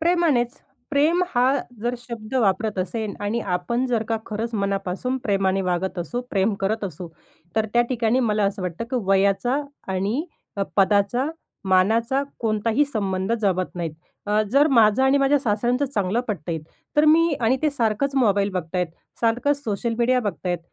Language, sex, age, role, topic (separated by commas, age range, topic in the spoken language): Marathi, female, 30-34, guest, कुटुंबात विश्वास टिकवण्यासाठी तुम्ही कोणते नियम पाळता?